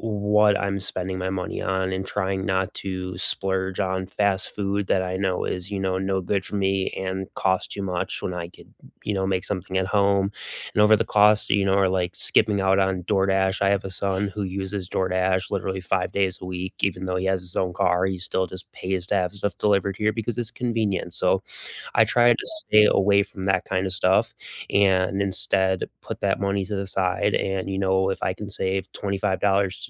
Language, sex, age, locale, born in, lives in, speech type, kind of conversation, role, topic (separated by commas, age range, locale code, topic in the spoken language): English, male, 35-39, en-US, United States, United States, conversation, unstructured, none, What is a small habit that has quietly improved your day-to-day life?